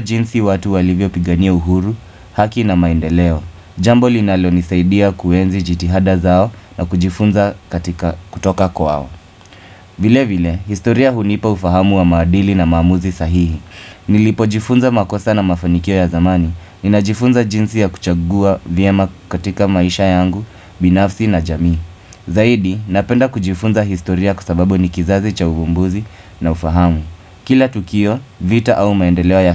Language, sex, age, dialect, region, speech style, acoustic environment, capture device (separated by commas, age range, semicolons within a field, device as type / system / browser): Swahili, male, 25-34, Kenya - Mombasa, Kenya, focused; happy, appliances; nature, desktop / Windows / Firefox